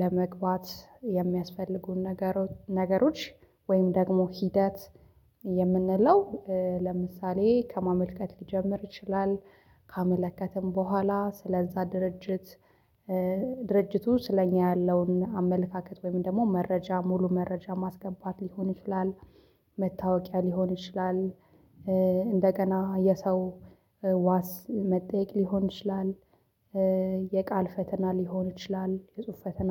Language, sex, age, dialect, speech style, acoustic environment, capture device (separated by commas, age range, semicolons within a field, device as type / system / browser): Amharic, female, 25-34, Ethiopia - Addis Ababa, happy, silence, mobile / Linux / Chrome